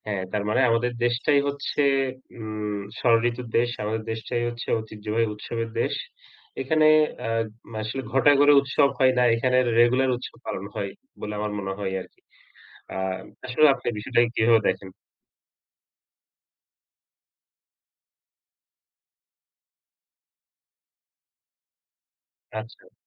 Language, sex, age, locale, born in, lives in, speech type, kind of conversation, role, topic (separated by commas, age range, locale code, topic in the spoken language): Bengali, male, 35-39, bn-BD, Bangladesh, Bangladesh, conversation, unstructured, none, বাংলাদেশের কোন ঐতিহ্যবাহী উৎসবটি আপনার সবচেয়ে প্রিয়?